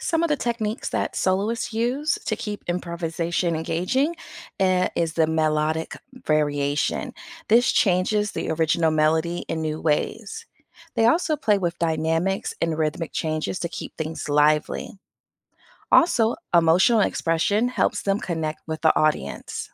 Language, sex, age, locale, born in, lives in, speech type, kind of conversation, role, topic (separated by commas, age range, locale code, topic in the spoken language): English, female, 40-44, en-US, United States, United States, read, expert-assistant, expert, What is the structure of a traditional jazz improvisation?